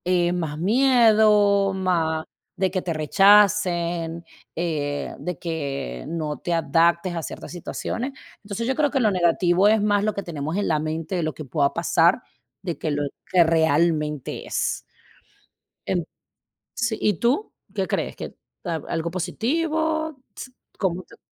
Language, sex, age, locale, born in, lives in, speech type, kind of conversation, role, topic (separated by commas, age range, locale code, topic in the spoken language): Spanish, female, 35-39, es-VE, Venezuela, United States, conversation, unstructured, none, ¿Alguna vez te has sentido diferente a los demás?